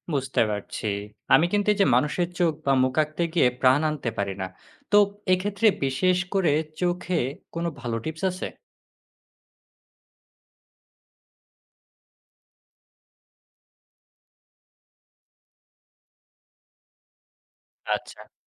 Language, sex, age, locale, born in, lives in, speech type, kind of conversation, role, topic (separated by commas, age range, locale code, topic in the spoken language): Bengali, male, 20-24, bn-BD, Bangladesh, Bangladesh, read, expert-assistant, asker, ডিজিটাল আর্ট দিয়ে ছবি আঁকার সুবিধা আর অসুবিধা কী কী?